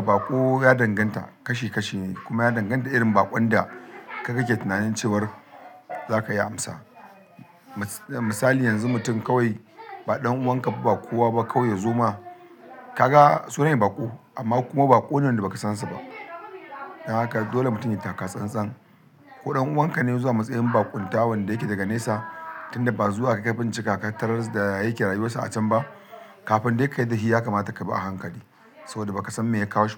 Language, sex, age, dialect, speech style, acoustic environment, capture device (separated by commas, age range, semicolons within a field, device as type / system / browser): Hausa, male, 35-44, Nigeria - Kano, excited, people talking, mobile / Linux / Chrome